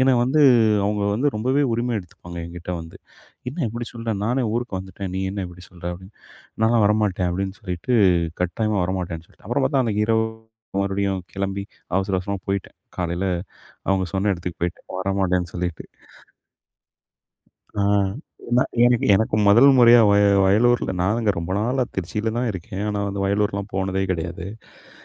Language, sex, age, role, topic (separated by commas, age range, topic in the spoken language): Tamil, male, 40-44, guest, ஒரு நண்பர் திடீரென விலகினால், நீங்கள் என்ன செய்யலாம்?